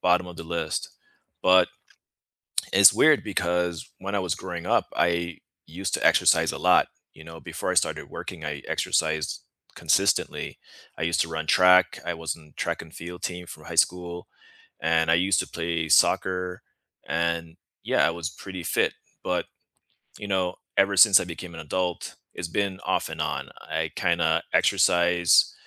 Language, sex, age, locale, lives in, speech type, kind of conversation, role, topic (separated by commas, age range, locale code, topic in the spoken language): English, male, 35-39, en-US, United States, conversation, unstructured, none, What fitness habits truly stick for you, and how can friends help you stay consistent and connected?